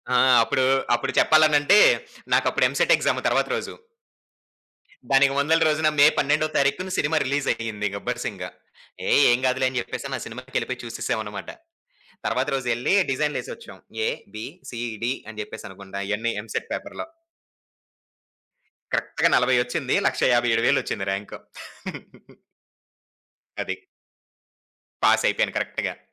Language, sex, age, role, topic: Telugu, male, 25-29, guest, పరీక్షల ఒత్తిడిని మీరు ఎలా నిర్వహిస్తారు?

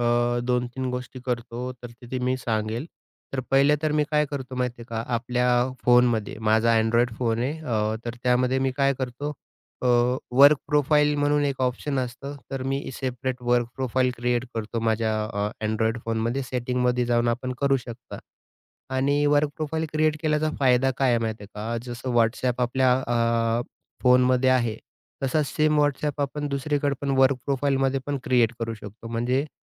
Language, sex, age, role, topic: Marathi, male, 30-34, guest, व्यावसायिक संदेश आणि खाजगी संदेश यांमध्ये तुम्ही फरक कसा करता?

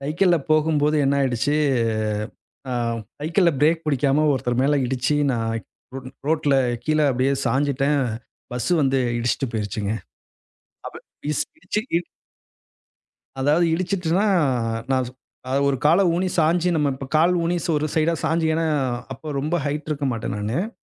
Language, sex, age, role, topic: Tamil, male, 35-39, guest, நீங்கள் கற்றதை மறந்துவிட்டு பின்னர் மீண்டும் கற்றுக்கொண்ட அனுபவம் உண்டா, அது உங்கள் வாழ்க்கையில் எந்தத் தெளிவைத் தந்தது?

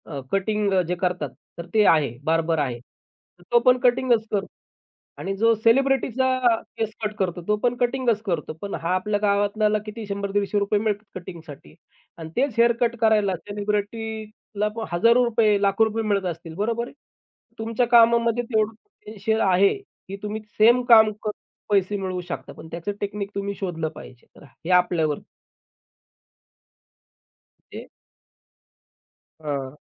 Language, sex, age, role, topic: Marathi, male, 35-39, guest, आर्थिक स्वातंत्र्य आणि मानसिक शांती यांपैकी तुम्ही कोणाला प्राधान्य द्याल?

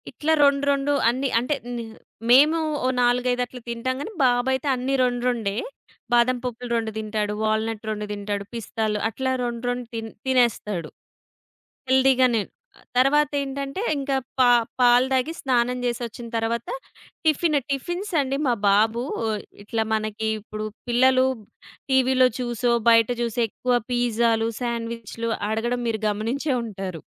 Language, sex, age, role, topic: Telugu, female, 30-34, guest, పిల్లలకు కొత్త రుచులను పరిచయం చేయడానికి మీరు ఎలా ప్రయత్నిస్తారు?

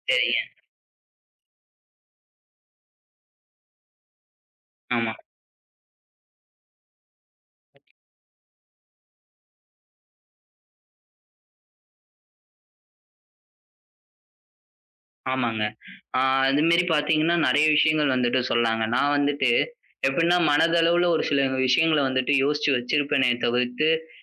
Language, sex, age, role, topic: Tamil, male, 20-24, guest, தன்னம்பிக்கையை வளர்த்துக்கொள்ள உதவும் எளிய தினசரி பயிற்சி என்ன?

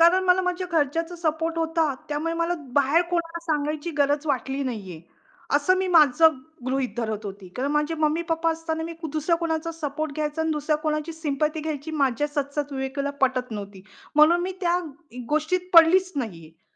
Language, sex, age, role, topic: Marathi, female, 45-49, guest, मित्रांसोबत घालवलेला कोणता काळ आता तुम्हाला अधिक मौल्यवान वाटतो?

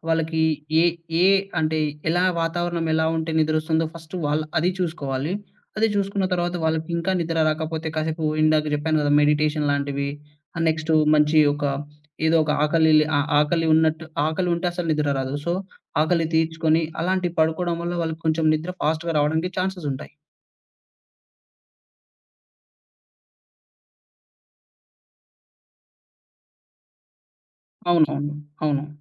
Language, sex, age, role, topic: Telugu, male, 20-24, guest, బెడ్‌రూమ్ వాతావరణాన్ని మార్చిన తర్వాత మీ నిద్రలో ఎలాంటి మార్పులు వచ్చాయో చెప్పగలరా?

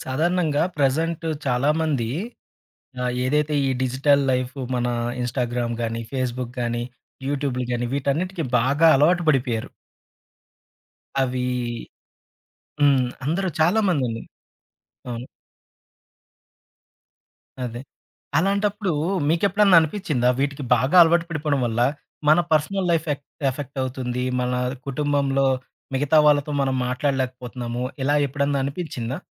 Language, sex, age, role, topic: Telugu, male, 30-34, host, డిజిటల్ జీవితంలో సమతుల్యాన్ని ఎలా కాపాడుకోవాలో మీ సలహా ఏమిటి?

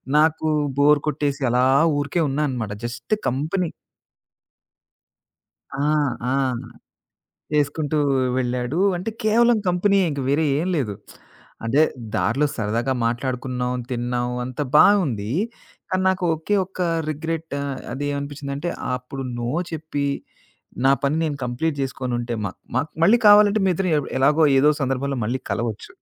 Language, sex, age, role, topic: Telugu, male, 40-44, guest, ఎవరినీ బాధపెట్టకుండా మర్యాదగా “కాదు” అని చెప్పడం మీరు ఎలా నేర్చుకున్నారు?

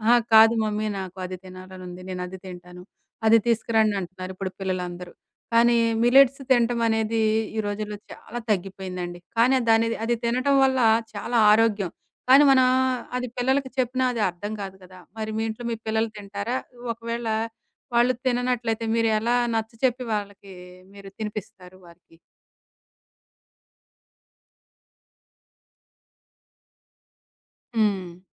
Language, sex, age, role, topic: Telugu, female, 40-44, host, తోటలో కూరగాయలు పండించడం ప్రారంభించిన తర్వాత మీ ఆహారపు అలవాట్లు, ఆలోచన విధానం ఎలా మారాయి?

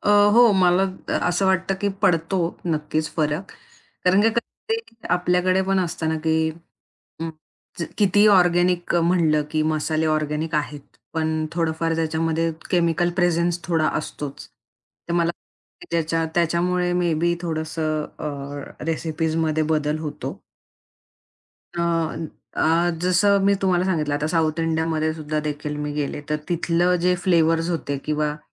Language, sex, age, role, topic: Marathi, female, 25-29, guest, नवीन फ्लेवरसाठी प्रेरणा कुठून येते?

